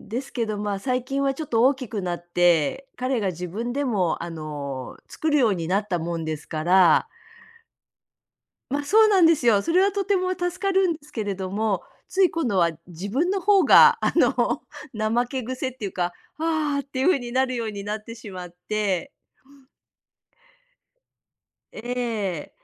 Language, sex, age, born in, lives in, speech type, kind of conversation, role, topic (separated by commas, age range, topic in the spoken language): Japanese, female, 60-64, Japan, United States, conversation, advice, user, 家庭と仕事の両立で追われていると感じるのはなぜですか？